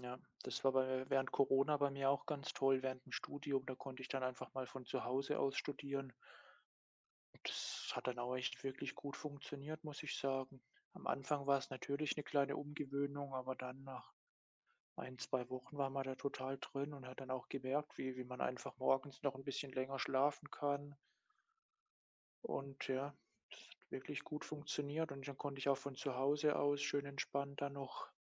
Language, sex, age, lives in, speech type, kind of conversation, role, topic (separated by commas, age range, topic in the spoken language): German, male, 25-29, Germany, conversation, unstructured, none, Was bedeutet ein nachhaltiger Lebensstil für dich?